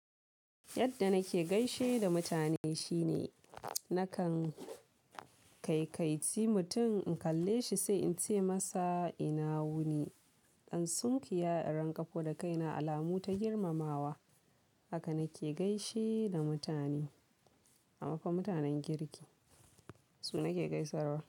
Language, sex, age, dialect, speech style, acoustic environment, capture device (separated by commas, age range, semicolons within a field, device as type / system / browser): Hausa, female, 18-24, Nigeria - Kano, focused, people talking; silence; appliances, mobile / Linux / Chrome